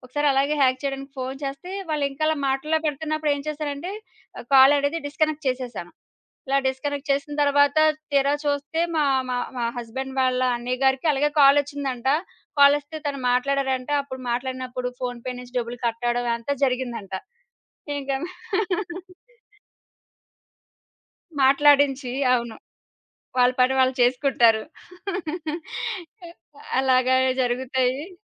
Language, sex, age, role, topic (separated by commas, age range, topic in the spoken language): Telugu, female, 30-34, guest, స్మార్ట్‌ఫోన్ మీ జీవనశైలిని ఎలా మార్చింది?